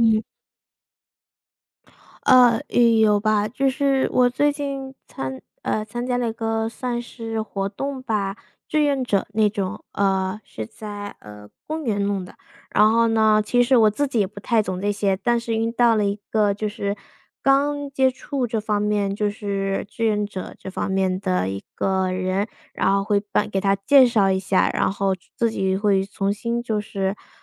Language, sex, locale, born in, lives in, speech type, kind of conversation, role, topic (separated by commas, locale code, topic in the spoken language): Chinese, female, zh-CN, United States, United States, conversation, unstructured, none, 帮助别人之后你有什么感受？